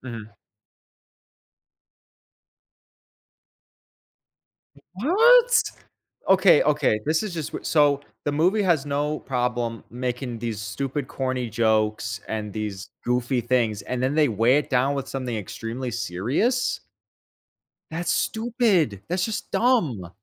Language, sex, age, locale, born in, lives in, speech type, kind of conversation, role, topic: English, male, 25-29, en-US, United States, United States, conversation, unstructured, none, Which movie’s pacing should I study to improve my scene rhythm?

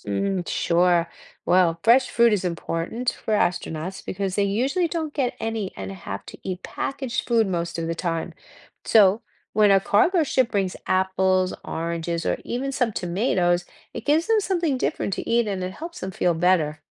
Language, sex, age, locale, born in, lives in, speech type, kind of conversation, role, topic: English, female, 60-64, en-US, United States, United States, read, expert-assistant, expert, How do astronauts breathe in space?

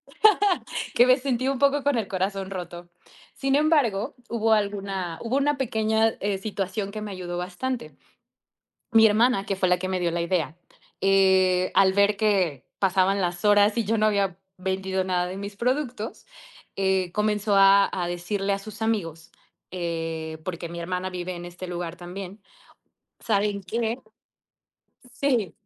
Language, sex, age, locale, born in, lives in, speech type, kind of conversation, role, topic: Spanish, female, 30-34, es-MX, Mexico, Mexico, conversation, podcast, guest, ¿Cómo te llega la inspiración para crear algo nuevo?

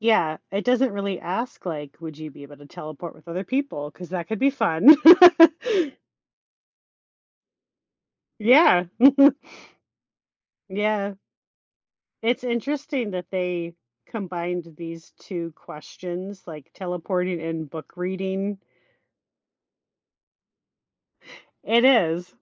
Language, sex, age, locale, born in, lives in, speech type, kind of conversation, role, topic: English, female, 35-39, en-US, United States, United States, conversation, unstructured, none, How do you think instant access to travel or knowledge would change the way we live and connect with others?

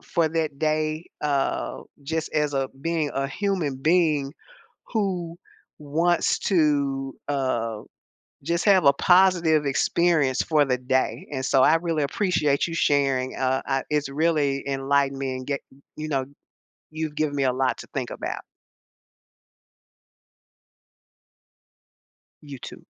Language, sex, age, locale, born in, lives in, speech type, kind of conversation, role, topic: English, female, 70-74, en-US, United States, United States, conversation, podcast, host, How do your morning habits impact your day and overall well-being?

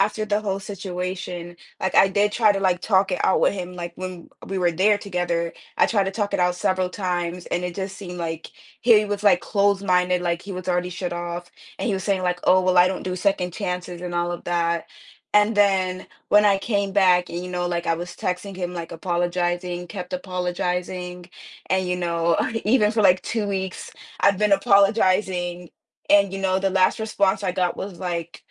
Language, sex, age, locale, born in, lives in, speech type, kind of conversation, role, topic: English, female, 20-24, en-US, United States, United States, conversation, advice, user, How can I apologize and rebuild trust after breaking a promise to someone I care about?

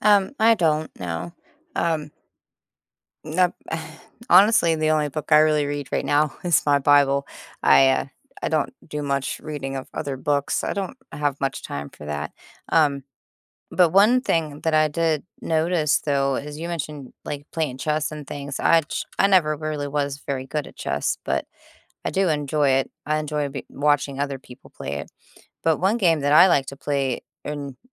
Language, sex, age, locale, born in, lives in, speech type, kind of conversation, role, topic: English, female, 30-34, en-US, United States, United States, conversation, unstructured, none, How has technology helped or hindered your education?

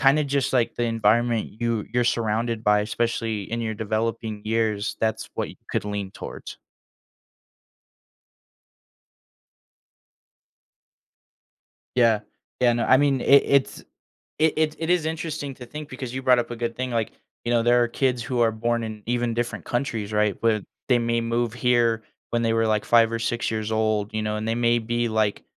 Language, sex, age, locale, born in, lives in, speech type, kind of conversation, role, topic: English, male, 20-24, en-US, United States, United States, conversation, podcast, host, How does your cultural background influence your identity and relationships with others?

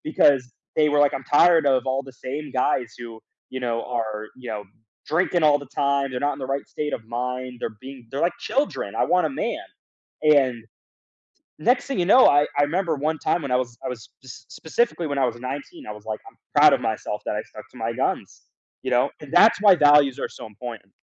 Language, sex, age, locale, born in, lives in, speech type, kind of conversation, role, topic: English, male, 20-24, en-US, United States, United States, conversation, podcast, guest, How do your personal values shape the decisions you make in life?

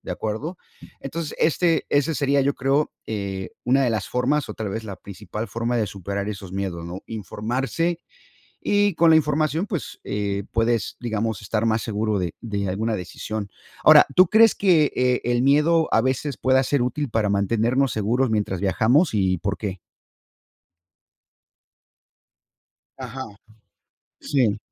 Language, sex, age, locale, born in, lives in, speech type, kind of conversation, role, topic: Spanish, male, 50-54, es-MX, United States, United States, conversation, unstructured, none, ¿Crees que el miedo limita las mejores experiencias de viaje?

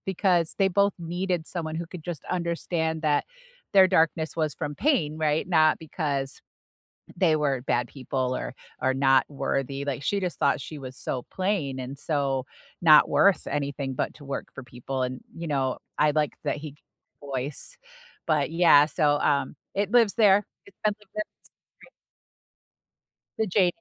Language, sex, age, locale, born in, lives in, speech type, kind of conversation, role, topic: English, female, 45-49, en-US, United States, United States, conversation, unstructured, none, What story—book, show, or movie—has been living rent-free in your head lately, and why?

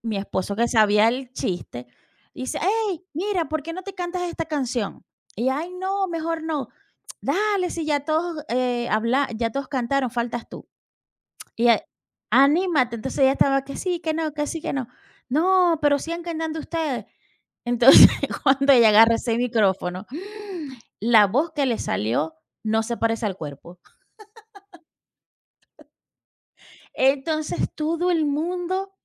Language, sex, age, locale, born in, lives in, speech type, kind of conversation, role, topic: Spanish, female, 40-44, es-VE, Venezuela, United States, conversation, unstructured, none, ¿Cómo te gusta pasar un día especial con tu familia?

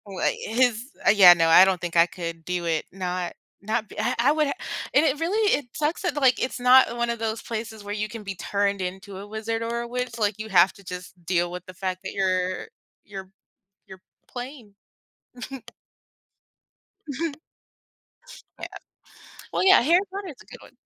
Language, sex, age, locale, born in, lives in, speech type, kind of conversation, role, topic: English, female, 25-29, en-US, United States, United States, conversation, unstructured, none, Why do some movies stay enjoyable no matter how many times you watch them?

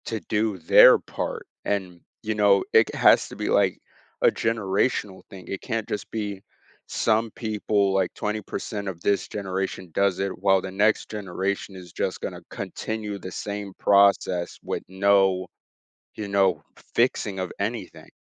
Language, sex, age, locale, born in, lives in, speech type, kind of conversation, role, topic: English, male, 18-19, en-US, United States, United States, conversation, podcast, host, How can everyday choices and community efforts help protect ocean health?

